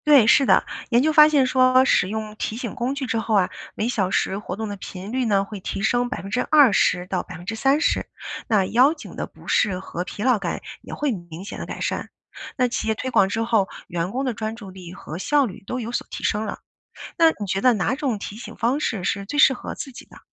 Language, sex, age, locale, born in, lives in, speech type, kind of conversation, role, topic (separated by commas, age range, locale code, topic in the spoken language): Chinese, female, 40-44, zh-CN, China, United States, read, expert-assistant, expert, 久坐办公和站立办公对身体有何不同？哪种方式对健康更有益？